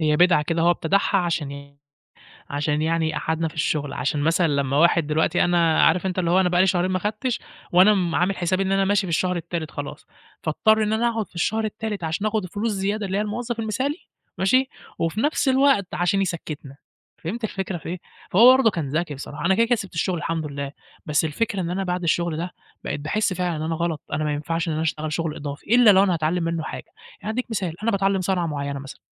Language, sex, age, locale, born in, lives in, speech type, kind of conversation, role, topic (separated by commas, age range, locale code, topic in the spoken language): Arabic, male, 20-24, ar-EG, Egypt, Egypt, conversation, podcast, guest, ازاي بتحس لما يطلبوا منك تشتغل وقت إضافي من غير فلوس؟